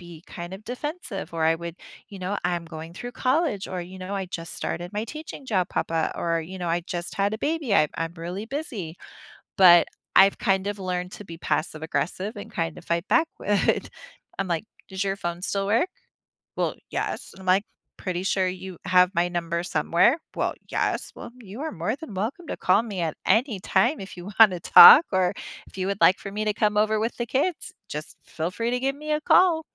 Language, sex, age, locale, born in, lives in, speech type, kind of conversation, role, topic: English, female, 40-44, en-US, United States, United States, conversation, advice, user, How do I handle a passive-aggressive family member during a family gathering?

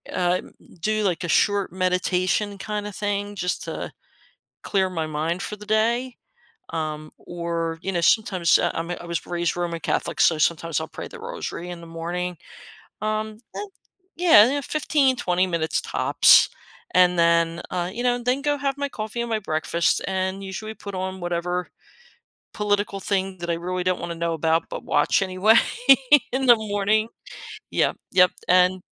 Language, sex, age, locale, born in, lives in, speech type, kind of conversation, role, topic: English, female, 55-59, en-US, United States, United States, conversation, podcast, guest, What morning habits help you feel your best throughout the day?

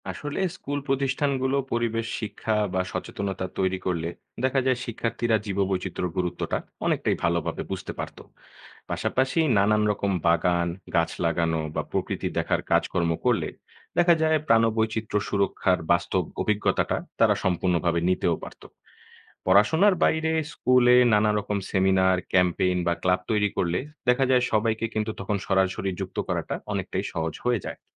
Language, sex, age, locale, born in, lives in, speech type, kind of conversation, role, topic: Bengali, male, 20-24, bn-BD, Bangladesh, Bangladesh, read, expert-assistant, expert, জীববৈচিত্র্য রক্ষায় স্কুলের ভূমিকা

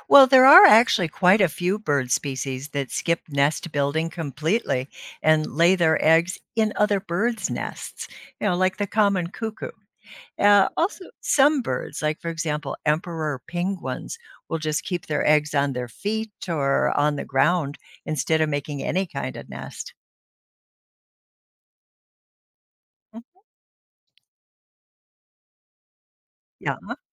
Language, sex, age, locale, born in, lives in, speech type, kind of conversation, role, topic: English, female, 65-69, en-US, United States, United States, read, expert-assistant, expert, Why do some birds build elaborate nests?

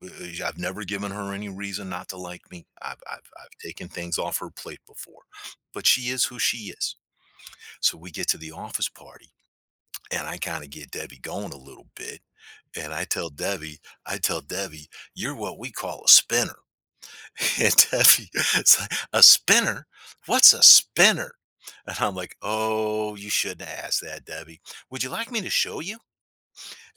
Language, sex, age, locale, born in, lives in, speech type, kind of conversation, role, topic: English, male, 55-59, en-US, United States, United States, conversation, podcast, guest, How do the foods we eat connect us to our heritage and shape our sense of belonging?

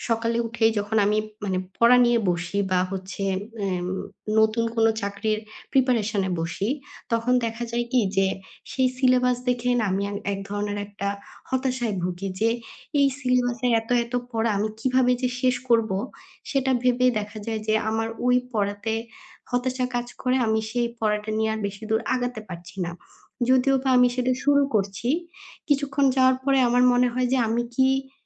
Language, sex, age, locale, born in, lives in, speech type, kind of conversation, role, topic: Bengali, female, 25-29, bn-BD, Bangladesh, Bangladesh, conversation, advice, user, অবসন্নতা কমিয়ে কীভাবে কাজের ধারাবাহিকতা বজায় রাখতে পারি?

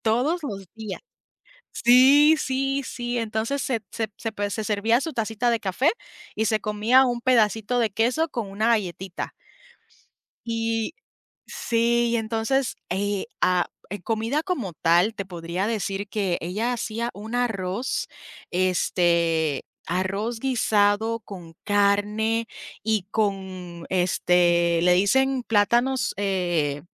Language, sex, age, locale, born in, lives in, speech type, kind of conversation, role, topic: Spanish, female, 30-34, es-US, Puerto Rico, United States, conversation, unstructured, none, ¿Cuál es tu mejor recuerdo con tus abuelos?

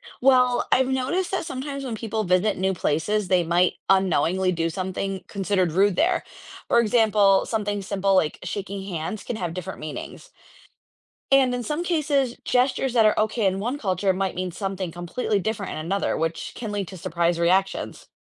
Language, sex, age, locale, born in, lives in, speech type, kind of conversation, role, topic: English, female, 35-39, en-US, United States, United States, read, expert-assistant, asker, How do cultural taboos influence social behavior?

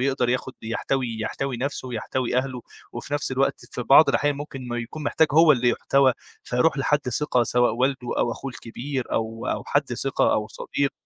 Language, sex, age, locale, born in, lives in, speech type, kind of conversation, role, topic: Arabic, male, 25-29, ar-EG, Egypt, Egypt, conversation, podcast, guest, إيه هي القيم اللي نفسك تنقلها لولادك؟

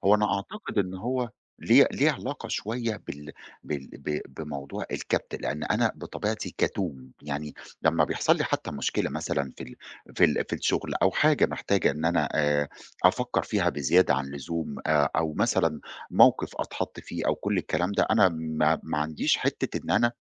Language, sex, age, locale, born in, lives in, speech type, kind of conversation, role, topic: Arabic, male, 40-44, ar-EG, Egypt, Egypt, conversation, advice, user, إزاي أرخّي الشدّ والتخشّب اللي في عضلاتي من التوتر بسرعة وبشكل آمن؟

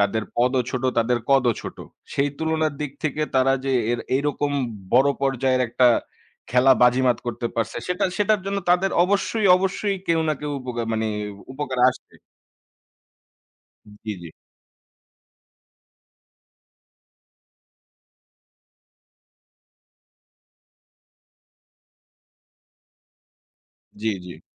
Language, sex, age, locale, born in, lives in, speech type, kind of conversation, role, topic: Bengali, male, 25-29, bn-BD, Bangladesh, Bangladesh, conversation, unstructured, none, রাজনীতির কারণে সাধারণ মানুষের জীবনে কোন সমস্যাগুলো সবচেয়ে বেশি হয়?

